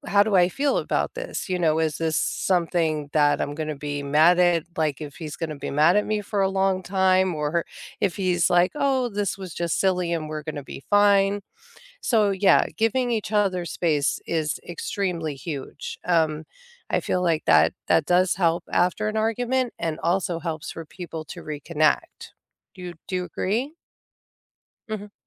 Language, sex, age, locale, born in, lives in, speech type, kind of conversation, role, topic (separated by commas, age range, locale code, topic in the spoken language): English, female, 45-49, en-US, United States, United States, conversation, unstructured, none, How do you reconnect after an argument or misunderstanding?